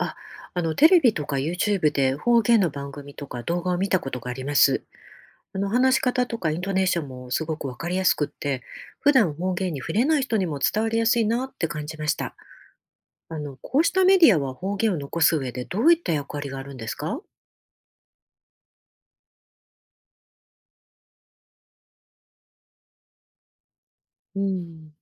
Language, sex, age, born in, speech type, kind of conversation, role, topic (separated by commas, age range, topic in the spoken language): Japanese, female, 50-54, Japan, read, expert-assistant, asker, 言語の保存で『本当に大事な部分』って、誰がどう決めているのでしょうか？